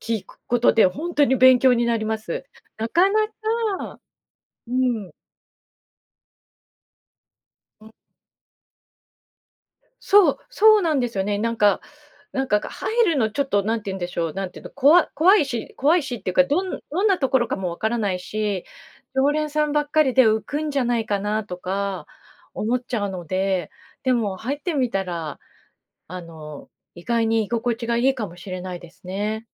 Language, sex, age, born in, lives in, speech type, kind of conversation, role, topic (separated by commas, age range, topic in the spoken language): Japanese, female, 50-54, Japan, Japan, conversation, podcast, host, 新しい場所で仲間を見つけるにはどうすればいい？